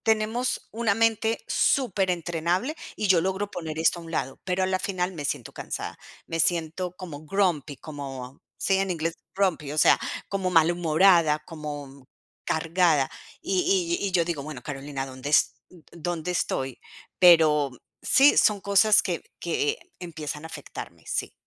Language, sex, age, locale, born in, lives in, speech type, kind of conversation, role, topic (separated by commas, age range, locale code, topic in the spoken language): Spanish, female, 45-49, es-CO, Colombia, United States, conversation, advice, user, ¿Cómo puedo usar bloques de tiempo diarios para descansar y recuperarme mejor?